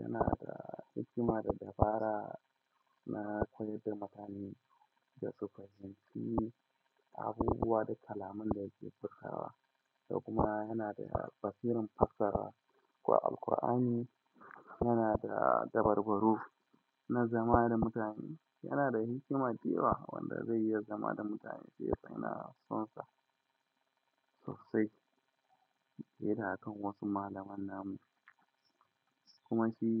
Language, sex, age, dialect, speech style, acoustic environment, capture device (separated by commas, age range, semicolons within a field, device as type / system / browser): Hausa, male, 25-34, Nigeria - Kano, happy, silence, mobile / Linux / Chrome